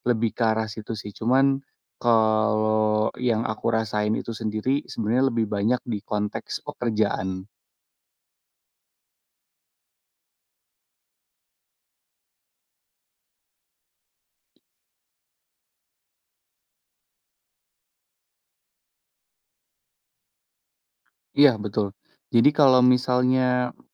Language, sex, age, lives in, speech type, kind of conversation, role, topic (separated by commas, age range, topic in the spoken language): Indonesian, male, 30-34, Indonesia, conversation, podcast, guest, Bagaimana kamu tahu kapan harus keluar dari zona nyaman?